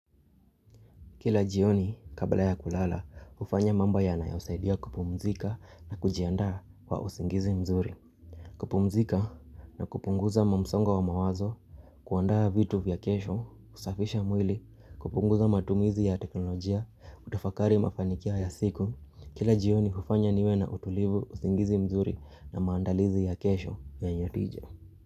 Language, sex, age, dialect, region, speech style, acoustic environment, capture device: Swahili, male, 25-34, Kenya - Nairobi Sheng-influenced, Kenya, happy; focused, people talking; nature, mobile / Linux / Chrome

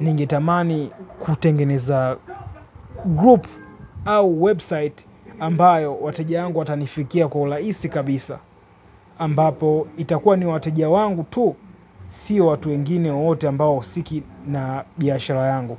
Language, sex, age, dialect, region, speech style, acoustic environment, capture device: Swahili, male, 25-34, Tanzania - Dar es Salaam, Tanzania, happy, silence, mobile / Linux / Chrome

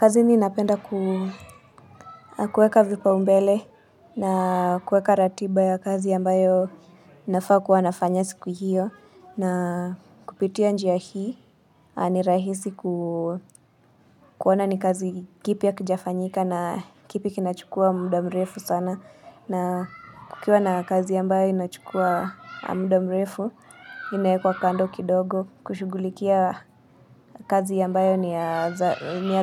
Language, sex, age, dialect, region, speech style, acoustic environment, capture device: Swahili, female, 25-34, Kenya - Nairobi Sheng-influenced, Kenya, relaxed, people talking, mobile / Linux / Chrome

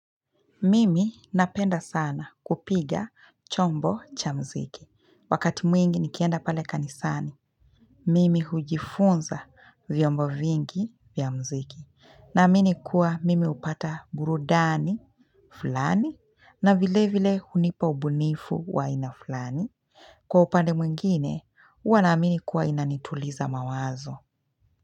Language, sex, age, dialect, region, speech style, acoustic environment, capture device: Swahili, female, 25-34, Kenya - Mombasa, Kenya, happy, music, mobile / Linux / Chrome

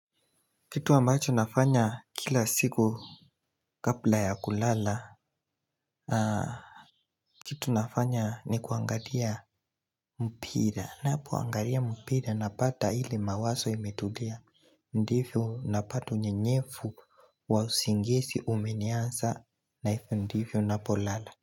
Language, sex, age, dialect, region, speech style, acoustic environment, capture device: Swahili, male, 18-24, Kenya - Nairobi Sheng-influenced, Kenya, excited, nature, mobile / Linux / Chrome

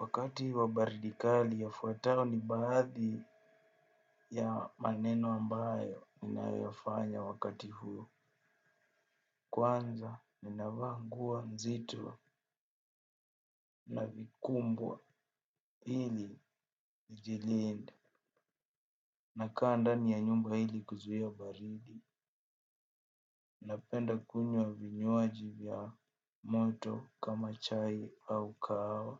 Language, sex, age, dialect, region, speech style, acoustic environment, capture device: Swahili, male, 18-24, Kenya - Nairobi Sheng-influenced, Kenya, focused, appliances, desktop / Windows / Chrome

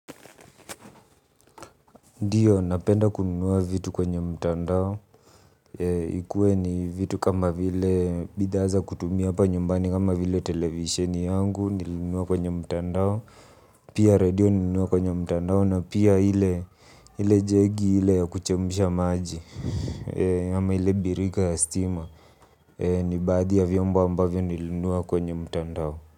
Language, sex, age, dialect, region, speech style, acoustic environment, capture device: Swahili, male, 25-34, Kenya - Nairobi Sheng-influenced, Kenya, relaxed, nature, mobile / Linux / Chrome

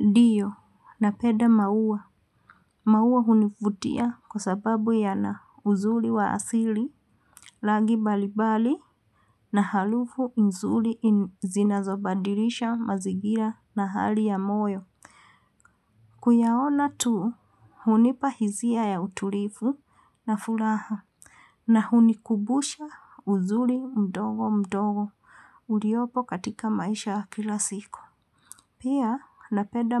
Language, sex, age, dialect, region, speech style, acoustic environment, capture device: Swahili, female, 45-54, Kenya - Nairobi Sheng-influenced, Kenya, happy, silence, mobile / Linux / Chrome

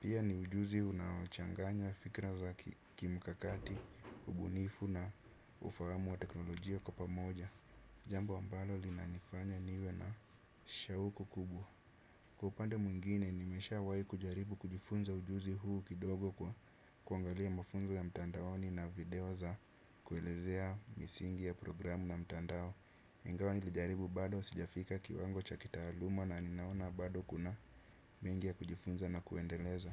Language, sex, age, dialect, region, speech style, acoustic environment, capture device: Swahili, male, 25-34, Kenya - Nairobi Sheng-influenced, Kenya, happy; relaxed; excited; focused, nature; silence, desktop / Windows / Chrome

